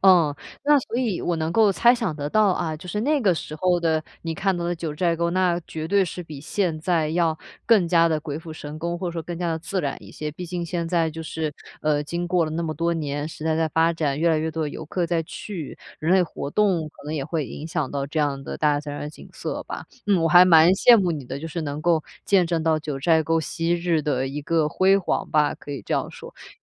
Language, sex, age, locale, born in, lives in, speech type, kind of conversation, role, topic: Chinese, female, 25-29, zh-CN, China, Germany, conversation, podcast, host, 大自然的景色会怎样影响你的情绪？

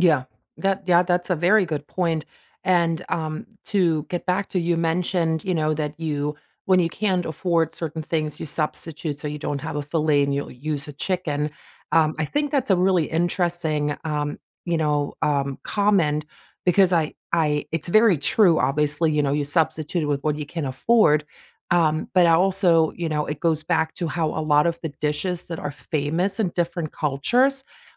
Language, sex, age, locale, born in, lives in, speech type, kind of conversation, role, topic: English, female, 45-49, en-DE, Germany, United States, conversation, podcast, host, In what ways does sharing food help us understand and connect with different cultures?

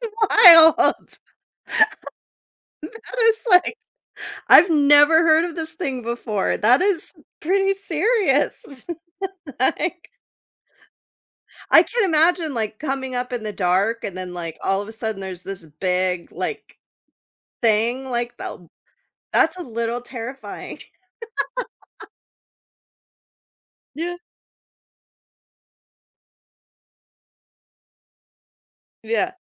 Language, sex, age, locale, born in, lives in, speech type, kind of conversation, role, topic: English, female, 35-39, en-US, United States, United States, conversation, podcast, host, How has your hobby created meaningful memories or changed your perspective?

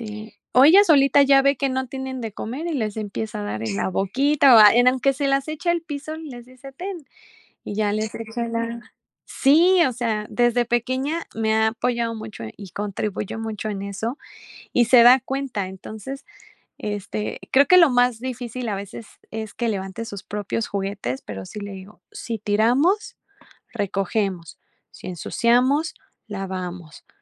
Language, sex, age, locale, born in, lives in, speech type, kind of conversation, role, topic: Spanish, female, 35-39, es-MX, Mexico, Mexico, conversation, podcast, guest, ¿Cómo puedo enseñarles responsabilidades a mis hijos adolescentes sin caer en sermones?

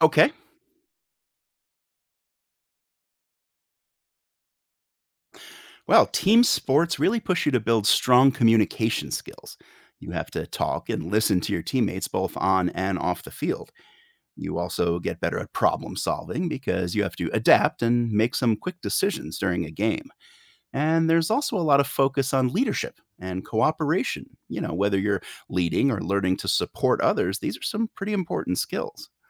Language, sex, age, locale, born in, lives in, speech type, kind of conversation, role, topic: English, male, 45-49, en-US, United States, United States, read, expert-assistant, expert, What skills can you develop by participating in team sports?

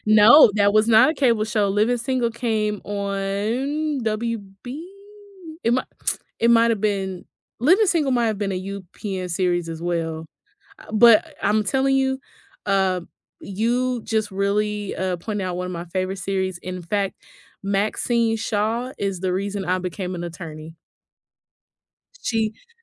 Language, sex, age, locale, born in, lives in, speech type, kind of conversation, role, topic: English, female, 35-39, en-US, United States, United States, conversation, unstructured, none, Which childhood cartoons or shows still make you smile?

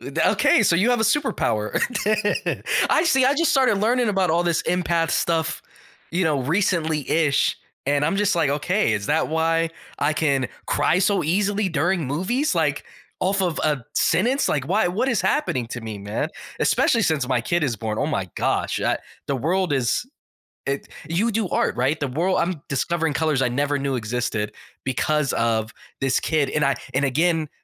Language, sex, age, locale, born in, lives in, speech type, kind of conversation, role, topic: English, male, 35-39, en-US, United States, United States, conversation, podcast, guest, How does showing kindness shape our relationships and well-being?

